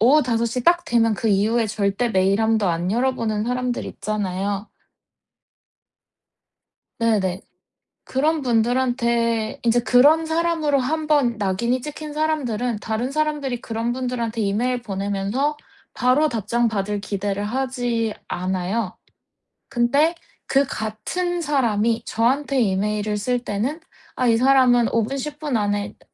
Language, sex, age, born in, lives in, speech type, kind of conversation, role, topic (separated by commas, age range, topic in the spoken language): Korean, female, 30-34, South Korea, United States, conversation, advice, user, 일과 삶의 균형을 유지하면서 장기 경력 계획은 어떻게 세워야 하나요?